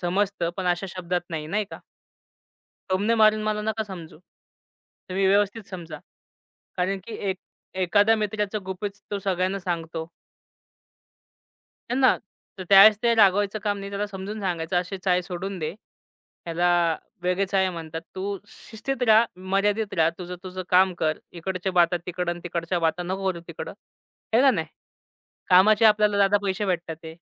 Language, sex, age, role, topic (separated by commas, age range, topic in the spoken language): Marathi, male, 25-29, guest, सीमा ओलांडणाऱ्या लोकांना तुम्ही कशी प्रतिक्रिया देता?